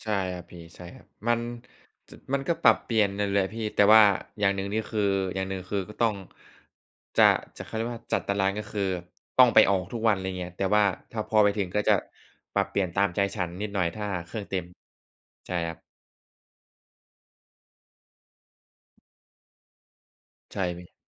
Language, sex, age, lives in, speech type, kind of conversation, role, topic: Thai, male, 20-24, Thailand, conversation, unstructured, none, คุณคิดว่าการออกกำลังกายช่วยให้สภาพจิตใจดีขึ้นไหม?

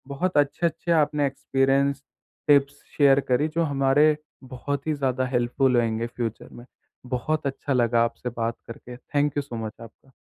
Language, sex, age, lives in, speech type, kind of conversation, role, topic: Hindi, male, 18-19, India, conversation, podcast, host, Tumhare nazariye se emotional zimmedari ko baantne ka sabse behtar tareeka kya hai?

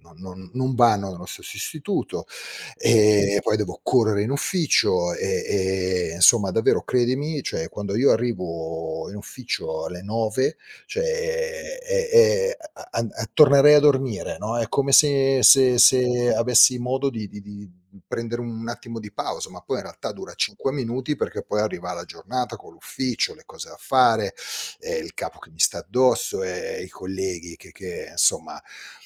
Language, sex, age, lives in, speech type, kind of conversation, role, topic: Italian, male, 50-54, Italy, conversation, advice, user, Quali difficoltà incontri nel ritagliarti tempo ogni giorno per l’igiene mentale e il relax?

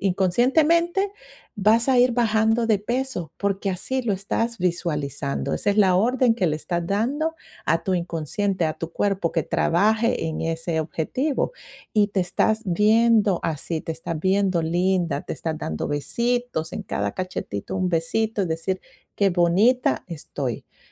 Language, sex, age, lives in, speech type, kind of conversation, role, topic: Spanish, female, 45-49, United States, conversation, advice, advisor, ¿Cómo puedo reconstruir mi autoestima y sentirme segura emocionalmente después de una ruptura?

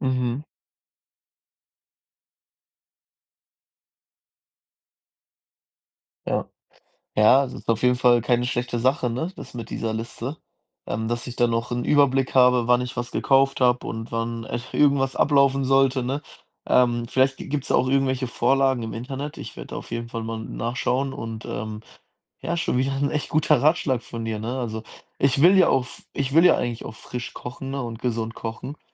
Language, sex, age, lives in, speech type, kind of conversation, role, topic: German, male, 20-24, Germany, conversation, advice, user, Warum fällt es mir nach einem langen Arbeitstag schwer, gesunde Mahlzeiten zuzubereiten?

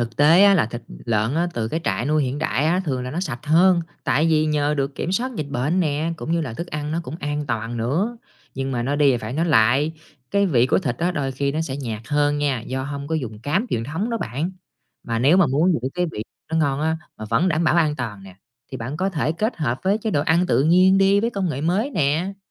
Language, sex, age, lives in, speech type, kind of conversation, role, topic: Vietnamese, male, 30-34, Vietnam, read, expert-assistant, expert, Chăn nuôi lợn hiện đại khác gì so với truyền thống?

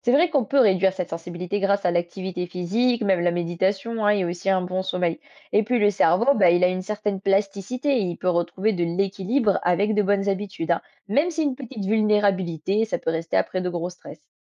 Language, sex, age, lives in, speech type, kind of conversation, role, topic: French, female, 20-24, France, read, expert-assistant, expert, Peux-tu expliquer comment le stress agit sur notre cerveau et notre bien-être mental ?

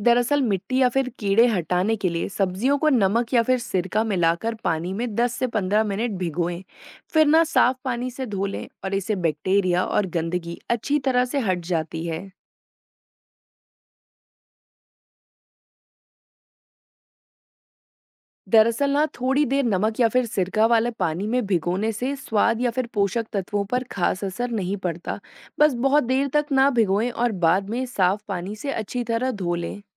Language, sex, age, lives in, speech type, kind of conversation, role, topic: Hindi, female, 25-29, India, read, expert-assistant, expert, जब बाजार से लाए फल-सब्ज़ियाँ सुरक्षित न लगें, तो घर पर क्या कदम उठाएँ?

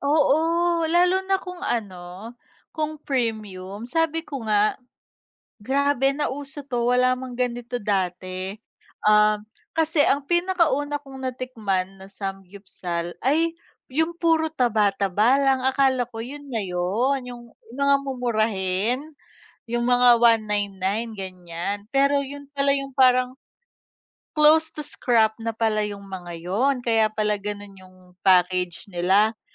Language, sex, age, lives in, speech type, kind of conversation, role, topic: Filipino, female, 30-34, Philippines, conversation, unstructured, none, Sa palagay mo, paano natural na magbabago ang ating mga paboritong pagkain sa paglipas ng panahon?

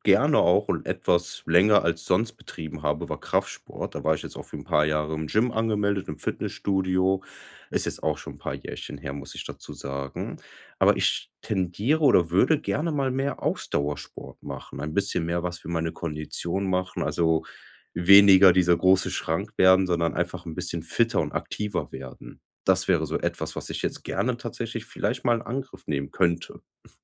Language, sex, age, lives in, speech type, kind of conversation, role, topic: German, male, 25-29, Germany, conversation, advice, user, Wie kann ich mich zu Hause wirklich entspannen und abschalten?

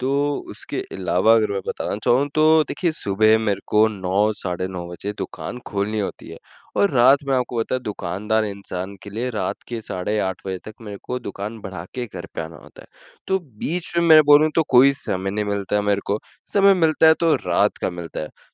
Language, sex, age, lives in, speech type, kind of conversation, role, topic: Hindi, male, 20-24, India, conversation, advice, user, एक्सरसाइज रूटीन शुरू करने को लेकर डर और अनिश्चितता होने पर मुझे क्या करना चाहिए?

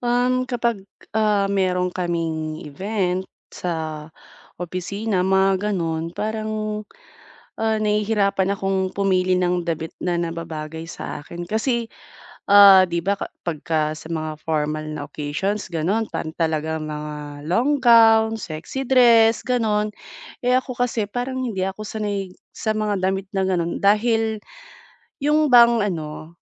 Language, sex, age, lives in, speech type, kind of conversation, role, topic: Filipino, female, 30-34, Philippines, conversation, advice, user, Paano ako pipili ng angkop na pananamit na babagay sa aking estilo at okasyon?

